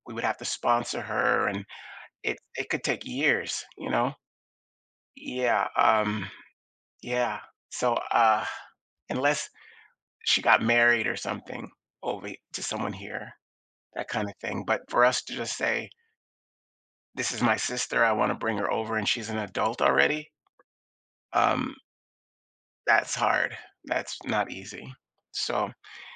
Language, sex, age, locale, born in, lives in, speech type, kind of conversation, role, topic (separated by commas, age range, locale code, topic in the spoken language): English, male, 55-59, en-US, United States, United States, conversation, advice, user, How can I balance feeling overwhelmed and excited with a new baby?